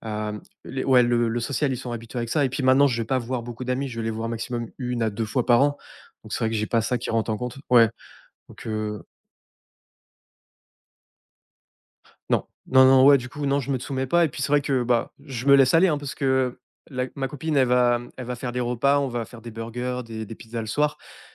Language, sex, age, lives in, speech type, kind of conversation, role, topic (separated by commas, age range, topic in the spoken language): French, male, 30-34, France, conversation, podcast, guest, Adoptez-vous de petites habitudes pour progresser un peu chaque jour ?